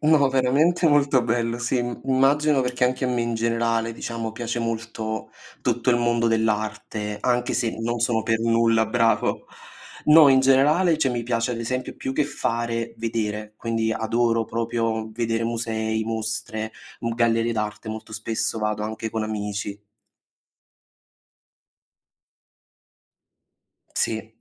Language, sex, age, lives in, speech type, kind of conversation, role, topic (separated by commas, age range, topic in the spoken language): Italian, male, 20-24, Italy, conversation, unstructured, none, Qual è il sogno che ti motiva di più ogni giorno?